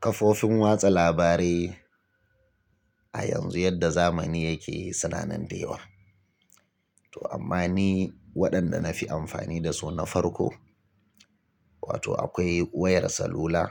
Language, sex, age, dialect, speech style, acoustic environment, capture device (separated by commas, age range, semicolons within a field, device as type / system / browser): Hausa, male, 35-44, Nigeria - Kano, relaxed; tired, silence, mobile / Linux / Chrome